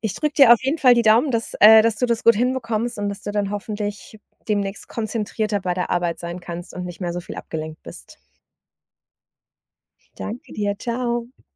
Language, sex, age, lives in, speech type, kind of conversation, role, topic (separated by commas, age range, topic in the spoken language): German, female, 40-44, Germany, conversation, advice, advisor, Wie lenkt dich dein Handy bei der Arbeit ständig ab?